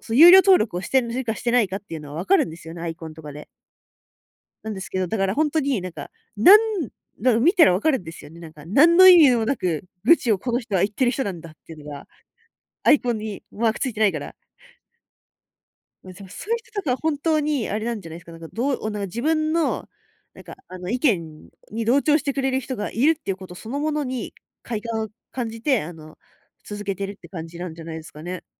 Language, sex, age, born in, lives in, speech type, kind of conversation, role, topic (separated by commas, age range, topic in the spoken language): Japanese, female, 20-24, Japan, Japan, conversation, podcast, guest, ネット炎上はなぜ起きると思いますか？